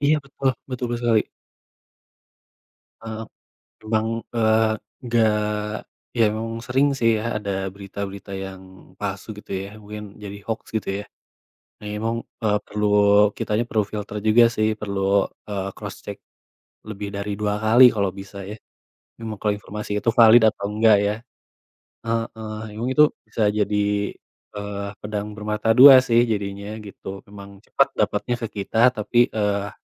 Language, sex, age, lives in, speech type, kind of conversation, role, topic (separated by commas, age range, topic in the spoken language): Indonesian, male, 25-29, Indonesia, conversation, unstructured, none, Bagaimana media sosial mengubah cara kita menikmati hiburan?